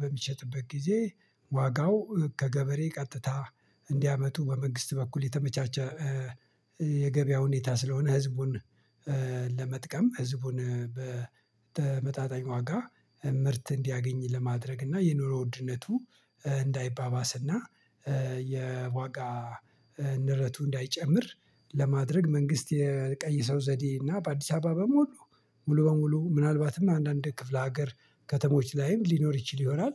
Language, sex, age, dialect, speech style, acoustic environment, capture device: Amharic, male, 45-54, Ethiopia - Addis Ababa, happy, nature, mobile / Linux / Chrome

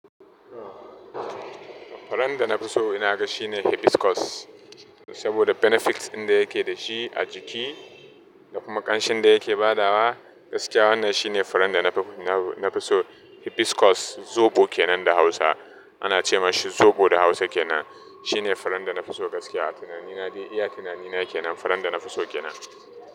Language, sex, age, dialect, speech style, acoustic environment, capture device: Hausa, male, 25-34, Nigeria - Nigerian Standard, happy; focused, traffic, mobile / Linux / Chrome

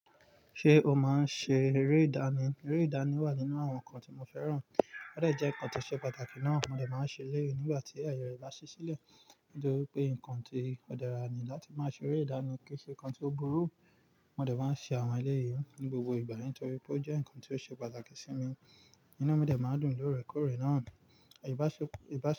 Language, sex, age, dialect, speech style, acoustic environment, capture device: Yoruba, male, 25-34, Nigeria - Nigerian Standard, relaxed, nature, mobile / Linux / Chrome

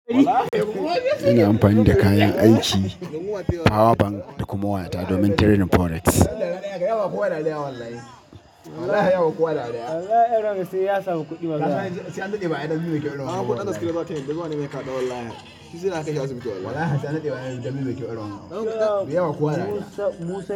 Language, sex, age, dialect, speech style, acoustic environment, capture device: Hausa, male, 25-34, Nigeria - Katsina, anxious; excited; focused; happy, people talking; construction; music; appliances, mobile / Linux / Chrome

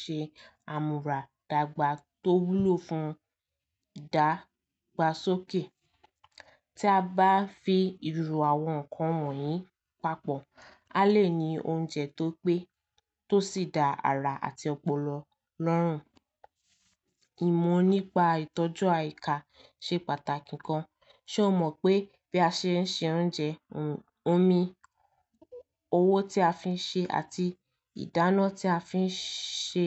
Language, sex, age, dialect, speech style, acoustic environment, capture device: Yoruba, female, 18-24, Nigeria - Lagos Yoruba, relaxed, nature, mobile / Linux / Chrome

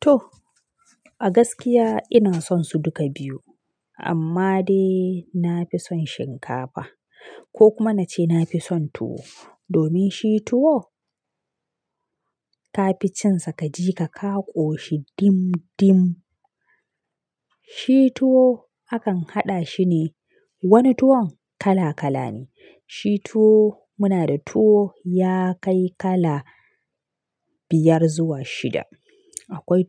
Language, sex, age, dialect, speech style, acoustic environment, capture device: Hausa, female, 25-34, Nigeria - Kano, happy, silence, mobile / Linux / Chrome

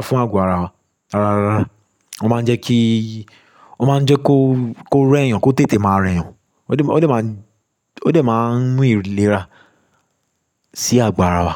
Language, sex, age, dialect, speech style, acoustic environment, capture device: Yoruba, male, 25-34, Nigeria - Lagos Yoruba, relaxed, construction; silence, mobile / Linux / Chrome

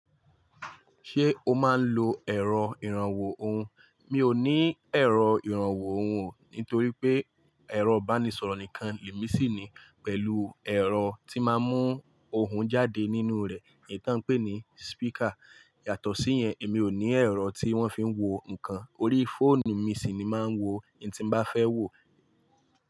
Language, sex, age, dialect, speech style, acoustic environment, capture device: Yoruba, male, 25-34, Nigeria - Lagos Yoruba, focused, appliances; silence, mobile / Linux / Chrome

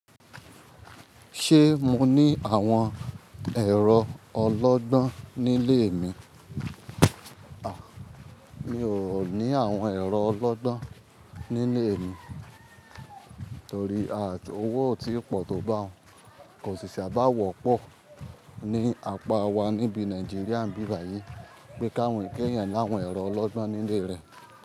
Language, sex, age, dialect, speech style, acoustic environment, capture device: Yoruba, male, 25-34, Nigeria - Ibadan, focused, people talking, mobile / Linux / Chrome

